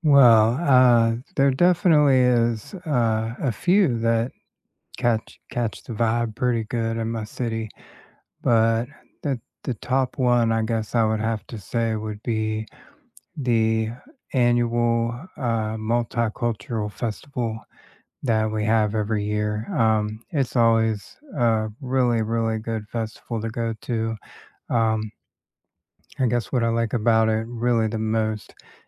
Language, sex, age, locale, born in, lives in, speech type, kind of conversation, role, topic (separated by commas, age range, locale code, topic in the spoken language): English, male, 40-44, en-US, United States, United States, conversation, unstructured, none, Which markets or street festivals best capture your city's vibe?